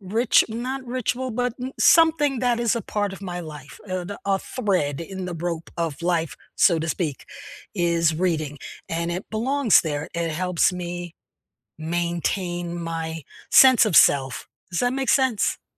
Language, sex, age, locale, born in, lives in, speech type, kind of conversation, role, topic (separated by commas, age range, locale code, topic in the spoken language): English, female, 70-74, en-US, United States, United States, conversation, unstructured, none, Which childhood hobby still brings you joy today, and how has it stayed part of your life?